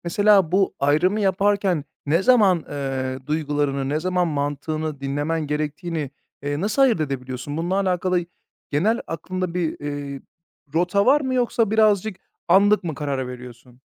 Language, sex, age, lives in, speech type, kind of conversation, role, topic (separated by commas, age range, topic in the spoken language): Turkish, male, 30-34, Bulgaria, conversation, podcast, host, Karar verirken sence duyguların mı yoksa mantığın mı daha ağır basıyor?